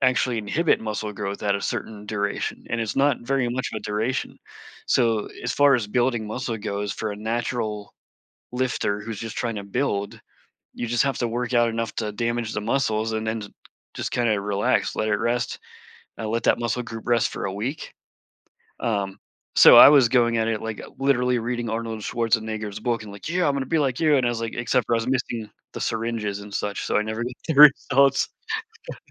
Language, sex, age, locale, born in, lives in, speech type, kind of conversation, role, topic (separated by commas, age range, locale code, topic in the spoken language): English, male, 40-44, en-US, United States, United States, conversation, podcast, guest, How do you balance physical and mental well-being in your daily life?